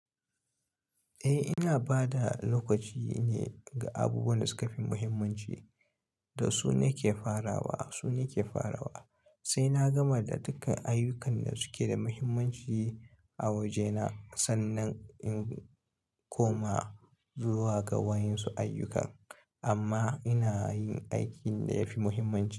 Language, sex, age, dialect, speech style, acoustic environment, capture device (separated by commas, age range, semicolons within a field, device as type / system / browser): Hausa, male, 25-34, Nigeria - Kano, relaxed, silence, mobile / Linux / Chrome